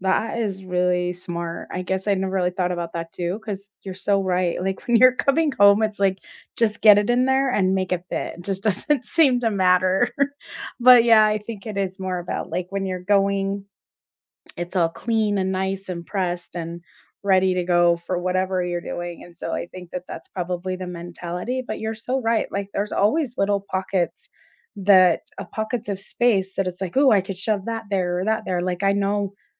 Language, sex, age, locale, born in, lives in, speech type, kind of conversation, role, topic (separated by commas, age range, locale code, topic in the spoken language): English, female, 45-49, en-US, United States, United States, conversation, unstructured, none, What packing hacks help you travel light without missing essentials?